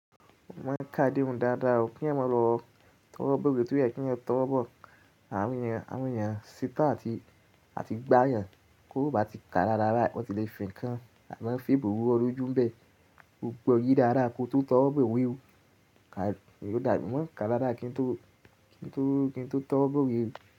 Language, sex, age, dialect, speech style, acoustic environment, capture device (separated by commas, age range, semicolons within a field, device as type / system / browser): Yoruba, male, 18-24, Nigeria - Ibadan, happy; relaxed, nature, mobile / Linux / Chrome